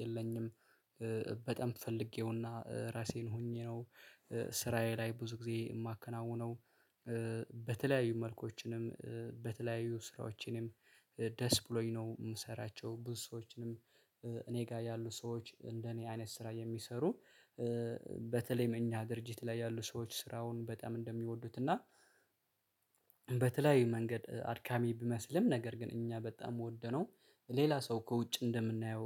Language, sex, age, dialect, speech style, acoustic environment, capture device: Amharic, male, 25-34, Ethiopia - Addis Ababa, relaxed; focused, silence; nature, mobile / Linux / Chrome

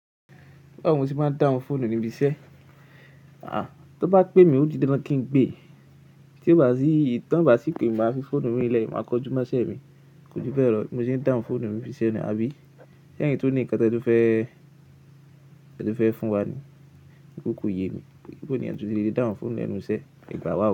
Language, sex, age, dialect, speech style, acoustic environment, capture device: Yoruba, male, 18-24, Nigeria - Ibadan, relaxed, silence, mobile / Linux / Chrome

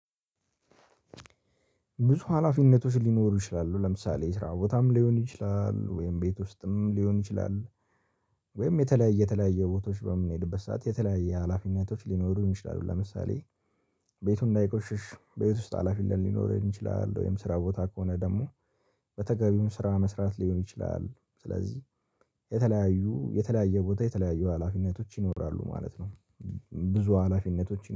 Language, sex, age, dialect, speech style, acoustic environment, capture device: Amharic, male, 18-24, Ethiopia - Addis Ababa, relaxed, silence, mobile / Linux / Chrome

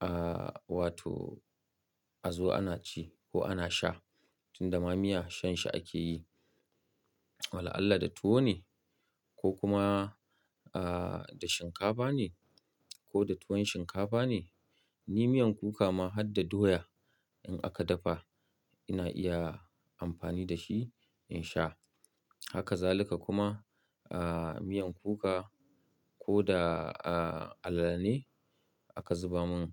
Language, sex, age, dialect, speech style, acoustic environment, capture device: Hausa, male, 35-44, Nigeria - Kano, happy; relaxed; focused; excited, nature; silence, mobile / Linux / Chrome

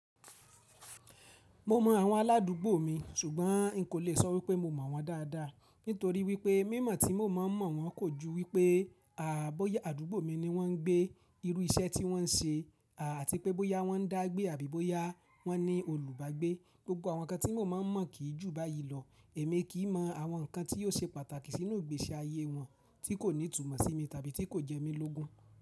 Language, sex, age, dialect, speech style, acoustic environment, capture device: Yoruba, male, 25-34, Nigeria - Ibadan, relaxed, silence, mobile / Linux / Chrome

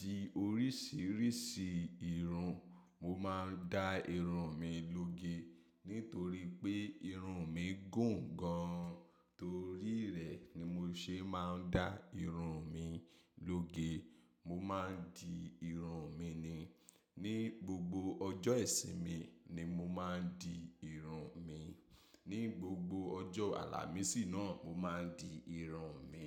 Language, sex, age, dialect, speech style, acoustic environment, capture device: Yoruba, male, 25-34, Nigeria - Ibadan, happy; excited; focused; relaxed, nature; silence, mobile / macOS / Safari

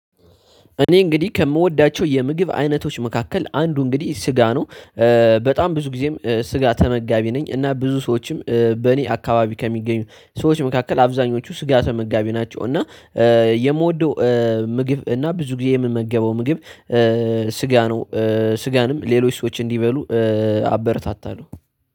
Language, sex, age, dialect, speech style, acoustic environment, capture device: Amharic, male, 18-24, Ethiopia - Addis Ababa, tired, nature, desktop / Linux / Chrome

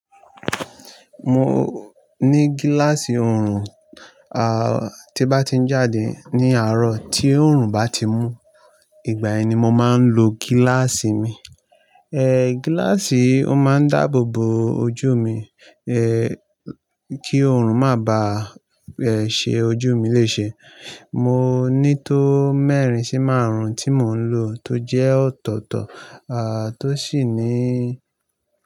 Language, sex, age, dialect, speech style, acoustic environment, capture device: Yoruba, male, 25-34, Nigeria - Lagos Yoruba, nervous; excited; anxious; relaxed, nature, mobile / Linux / Chrome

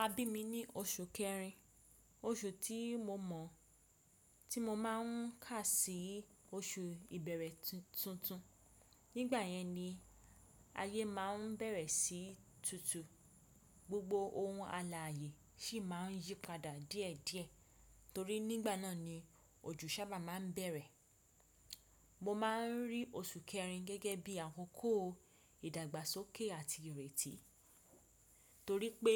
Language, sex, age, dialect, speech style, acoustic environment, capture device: Yoruba, female, 25-34, Nigeria - Ibadan, relaxed, silence, desktop / Windows / Chrome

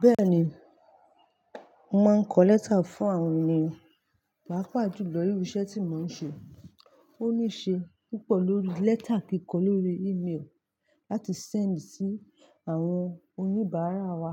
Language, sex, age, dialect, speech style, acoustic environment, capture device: Yoruba, female, 25-34, Nigeria - Lagos Yoruba, focused, nature; traffic, mobile / Linux / Chrome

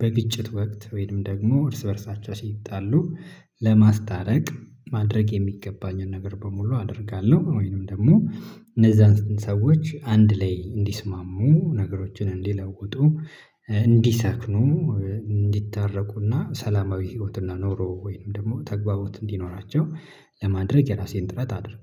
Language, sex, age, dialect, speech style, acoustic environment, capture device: Amharic, male, 25-34, Ethiopia - Addis Ababa, happy, silence, mobile / Linux / Chrome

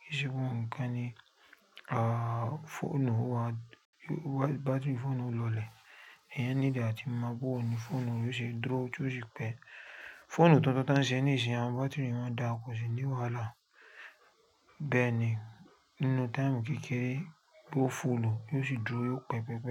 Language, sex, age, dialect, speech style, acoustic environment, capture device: Yoruba, female, 35-44, Nigeria - Lagos Yoruba, focused, nature, mobile / Linux / Chrome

